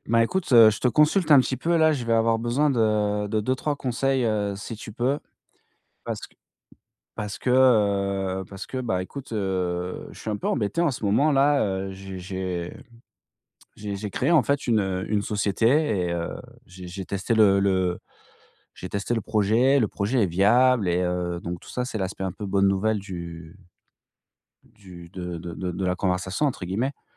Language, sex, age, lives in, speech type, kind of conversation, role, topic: French, male, 40-44, France, conversation, advice, user, Comment recruter et constituer une équipe complémentaire pour lancer ma startup ?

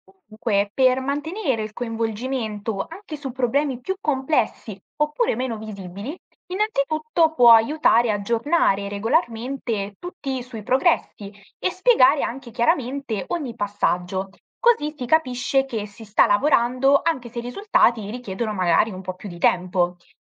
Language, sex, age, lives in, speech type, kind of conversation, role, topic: Italian, female, 18-19, Italy, read, expert-assistant, expert, Come si può coinvolgere genitori e insegnanti nel decidere le priorità della scuola?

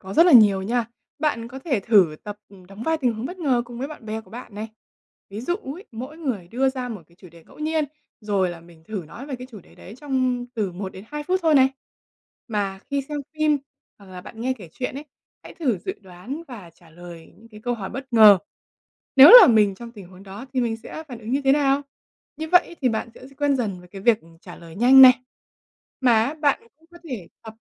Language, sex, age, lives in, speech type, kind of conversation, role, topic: Vietnamese, female, 20-24, Vietnam, read, expert-assistant, expert, Làm thế nào để xây dựng sự tự tin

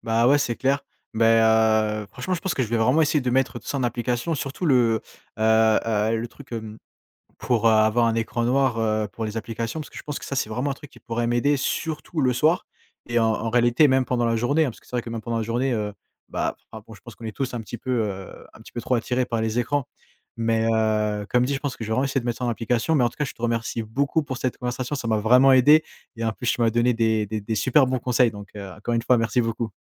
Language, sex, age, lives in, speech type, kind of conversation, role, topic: French, male, 20-24, France, conversation, advice, user, Difficulté à déconnecter le soir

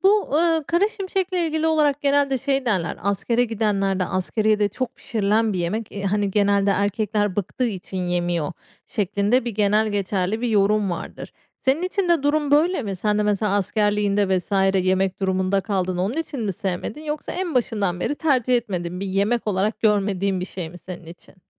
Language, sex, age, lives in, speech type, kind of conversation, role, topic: Turkish, female, 35-39, Spain, conversation, podcast, host, Hangi yemekler sana evdeymişsin gibi hissettiriyor?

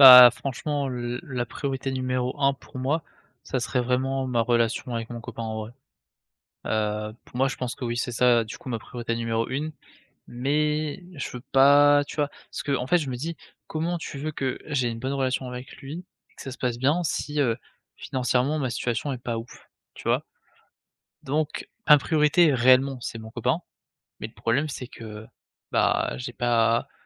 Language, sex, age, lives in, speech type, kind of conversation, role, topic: French, male, 20-24, France, conversation, advice, user, Pourquoi est-il impossible de maintenir ta routine créative pendant des périodes stressantes ?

